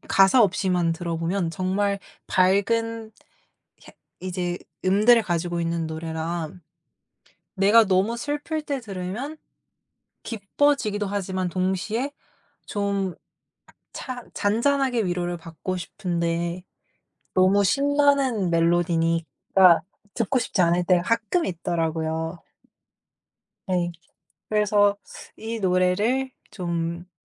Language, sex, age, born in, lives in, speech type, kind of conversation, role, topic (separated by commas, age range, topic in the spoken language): Korean, female, 18-19, South Korea, United States, conversation, podcast, guest, 음악을 들으면 떠오르는 특별한 추억 하나를 들려주실 수 있나요?